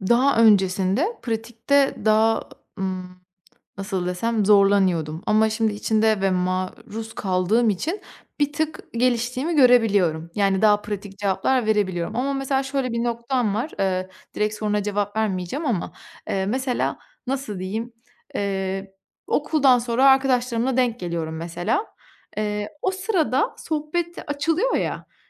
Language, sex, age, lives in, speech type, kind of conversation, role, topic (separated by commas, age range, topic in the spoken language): Turkish, female, 25-29, Italy, conversation, advice, user, Topluluk içinde çekingenliğimi nasıl aşarak daha rahat ve etkin katılım sağlayabilirim?